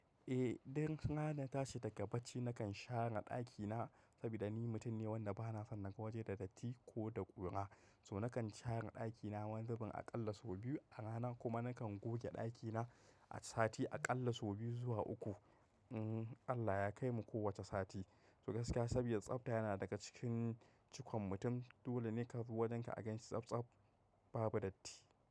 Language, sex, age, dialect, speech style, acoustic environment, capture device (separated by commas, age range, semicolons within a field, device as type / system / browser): Hausa, male, 25-34, Nigeria - Kano, happy, nature; appliances, mobile / macOS / Safari